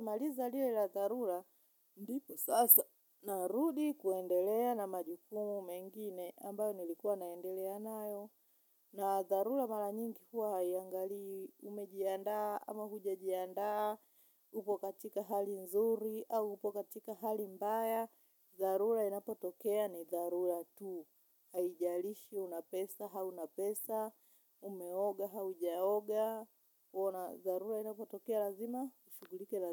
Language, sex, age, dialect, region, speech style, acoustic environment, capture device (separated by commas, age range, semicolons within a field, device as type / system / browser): Swahili, female, 35-44, Tanzania - Dar es Salaam, Tanzania, happy, silence, mobile / Linux / Chrome